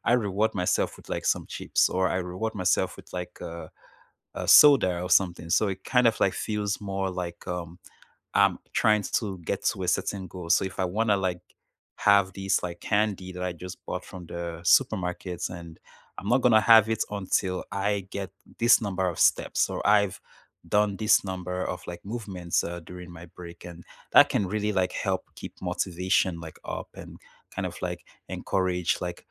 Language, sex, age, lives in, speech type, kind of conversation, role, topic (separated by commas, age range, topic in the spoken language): English, male, 25-29, Canada, conversation, unstructured, none, How do you fit short movement breaks into your busy schedule, and how can we encourage each other?